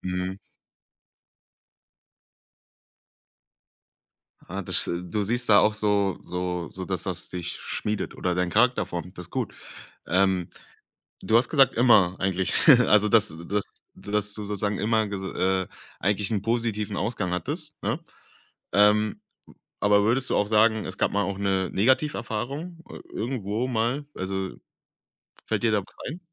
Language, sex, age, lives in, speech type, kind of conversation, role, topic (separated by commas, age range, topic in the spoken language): German, male, 25-29, Germany, conversation, podcast, host, Was hilft dir, aus deiner Komfortzone herauszukommen?